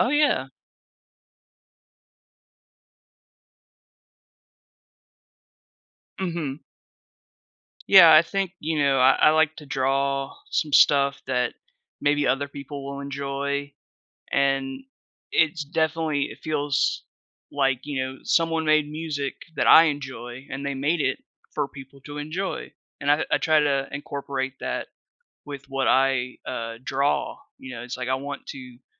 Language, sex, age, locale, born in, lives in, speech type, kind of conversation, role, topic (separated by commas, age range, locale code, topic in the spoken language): English, male, 30-34, en-US, United States, United States, conversation, podcast, guest, How does art shape our daily experiences and well-being?